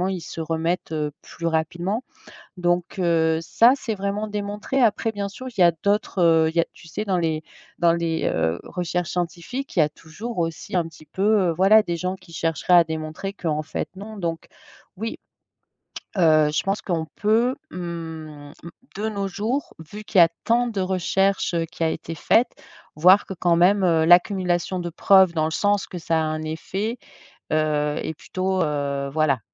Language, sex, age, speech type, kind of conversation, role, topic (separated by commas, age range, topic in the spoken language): French, female, 45-49, conversation, podcast, guest, Qu’est-ce que t’apporte le fait de marcher pieds nus dans l’herbe ?